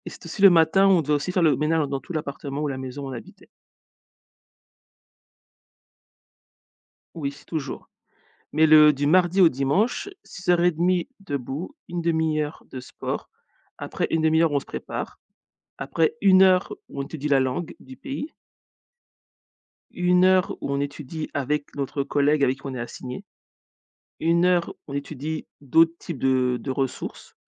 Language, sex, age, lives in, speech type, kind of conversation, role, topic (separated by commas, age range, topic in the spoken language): French, female, 40-44, United States, conversation, podcast, guest, Quelle habitude t’a le plus transformé ces dernières années ?